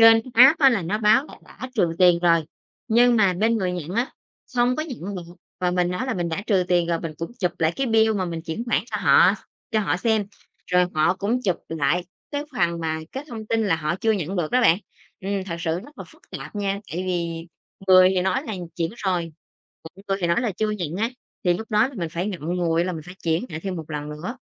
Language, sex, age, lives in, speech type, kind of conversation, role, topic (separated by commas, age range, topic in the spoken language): Vietnamese, female, 30-34, Vietnam, conversation, podcast, guest, Bạn nghĩ sao về xu hướng thanh toán không dùng tiền mặt hiện nay?